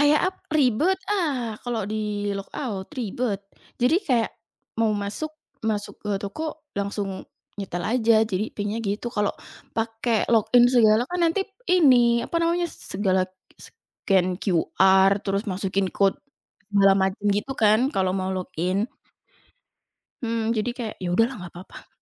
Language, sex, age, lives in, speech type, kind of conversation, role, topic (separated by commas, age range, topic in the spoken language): Indonesian, female, 20-24, Indonesia, conversation, podcast, guest, Bagaimana biasanya kamu menemukan musik baru?